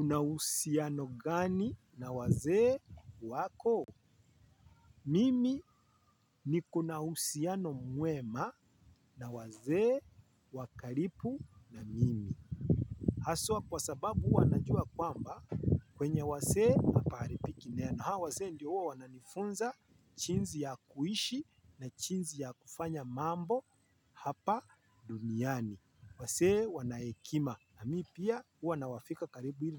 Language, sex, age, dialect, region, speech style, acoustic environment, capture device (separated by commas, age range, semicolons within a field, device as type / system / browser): Swahili, male, 25-34, Kenya - Nairobi Sheng-influenced, Kenya, focused, nature, mobile / Linux / Chrome